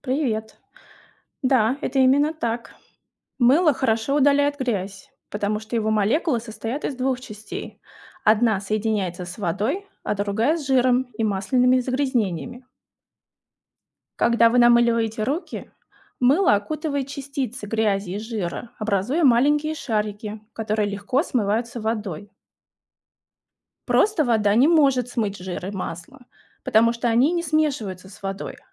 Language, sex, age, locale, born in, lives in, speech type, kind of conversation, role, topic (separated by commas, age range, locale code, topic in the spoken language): Russian, female, 35-39, ru-RU, Russia, Germany, read, expert-assistant, expert, Почему мыло смывает грязь